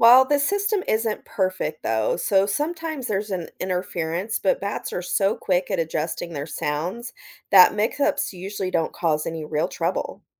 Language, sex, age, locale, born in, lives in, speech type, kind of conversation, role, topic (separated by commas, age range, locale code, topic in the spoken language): English, female, 40-44, en-US, United States, United States, read, expert-assistant, expert, How do bats use echolocation?